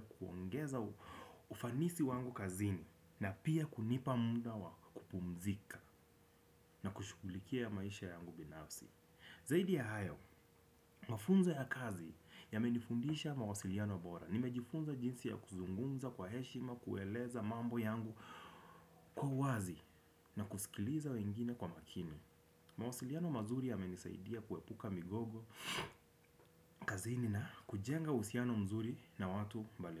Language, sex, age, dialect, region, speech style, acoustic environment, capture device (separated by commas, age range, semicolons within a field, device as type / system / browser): Swahili, male, 25-34, Kenya - Nairobi Sheng-influenced, Kenya, happy, silence, desktop / Windows / Chrome